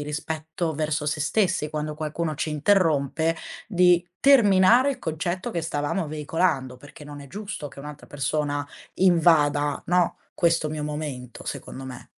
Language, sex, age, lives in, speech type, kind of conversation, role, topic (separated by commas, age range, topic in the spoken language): Italian, female, 20-24, Italy, conversation, podcast, guest, Qual è il primo piccolo passo che posso fare per diventare più assertivo?